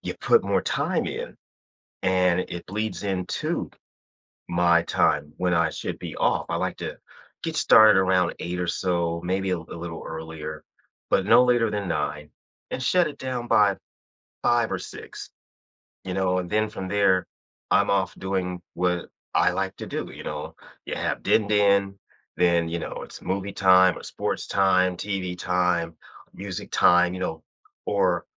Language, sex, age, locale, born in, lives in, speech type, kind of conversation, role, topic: English, male, 55-59, en-US, United States, United States, conversation, advice, user, How can I balance my work and personal life?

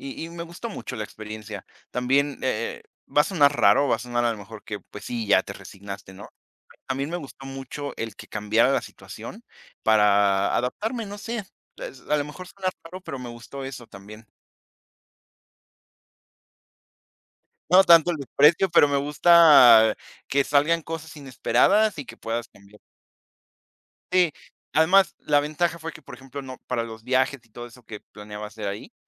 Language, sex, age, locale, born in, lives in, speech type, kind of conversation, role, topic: Spanish, female, 20-24, es-MX, Mexico, Mexico, conversation, unstructured, none, ¿Cómo te sientes cuando un viaje no sale como esperabas?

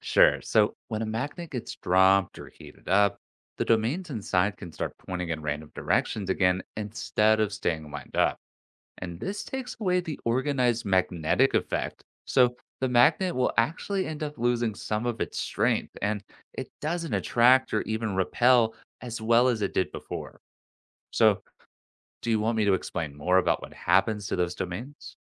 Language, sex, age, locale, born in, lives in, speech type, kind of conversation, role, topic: English, male, 25-29, en-US, United States, United States, read, expert-assistant, expert, What causes magnets to attract, repel, and lose their strength?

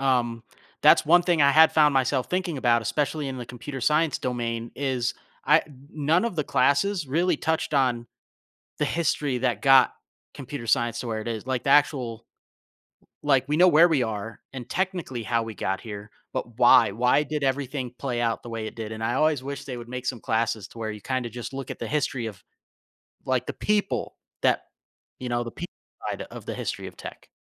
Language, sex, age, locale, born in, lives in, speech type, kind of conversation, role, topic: English, male, 35-39, en-US, United States, United States, conversation, podcast, host, What helps people turn professional setbacks into opportunities for growth?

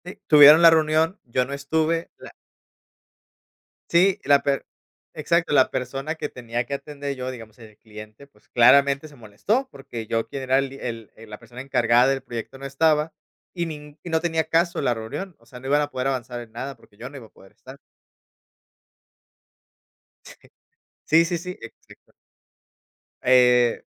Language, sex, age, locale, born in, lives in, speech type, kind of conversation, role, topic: Spanish, male, 30-34, es-MX, Mexico, Mexico, conversation, podcast, guest, ¿Qué haces cuando alguien cruza tus límites?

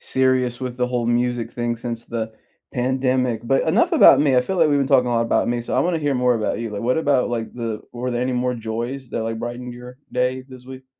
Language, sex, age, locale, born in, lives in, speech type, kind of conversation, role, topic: English, male, 30-34, en-US, United States, United States, conversation, unstructured, none, What small joy brightened your day this week?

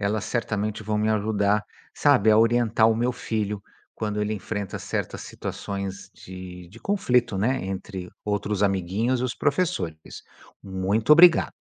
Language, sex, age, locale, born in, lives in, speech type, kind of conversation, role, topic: Portuguese, male, 55-59, pt-BR, Brazil, United States, read, expert-assistant, asker, A importância da empatia no ambiente escolar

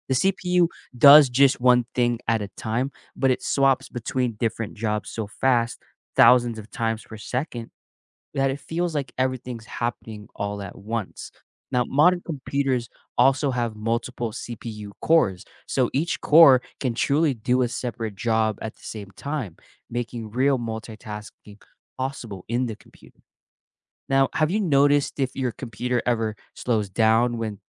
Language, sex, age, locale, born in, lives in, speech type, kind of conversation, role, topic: English, male, 25-29, en-US, United States, United States, read, expert-assistant, expert, How do computers process information and perform tasks?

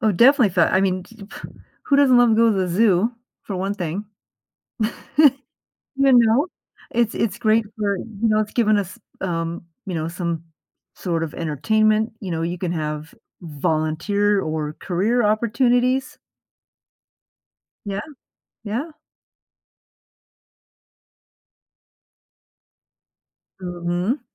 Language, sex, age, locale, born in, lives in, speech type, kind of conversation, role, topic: English, female, 55-59, en-US, United States, United States, conversation, podcast, guest, How does protecting wildlife help maintain balance in nature and support our well-being?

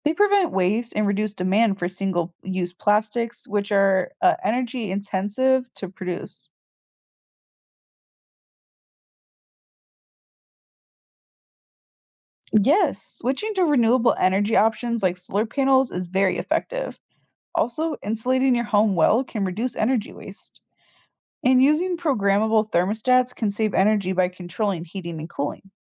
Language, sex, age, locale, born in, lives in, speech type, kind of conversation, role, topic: English, female, 20-24, en-US, United States, United States, read, expert-assistant, expert, What steps can we take to reduce our carbon footprint?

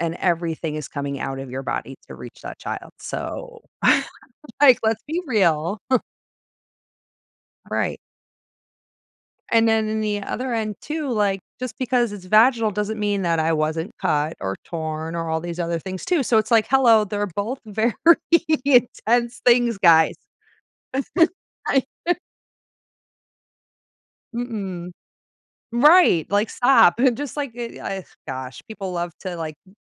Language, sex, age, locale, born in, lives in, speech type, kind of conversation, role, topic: English, female, 40-44, en-US, United States, United States, conversation, advice, user, How can I get motivated?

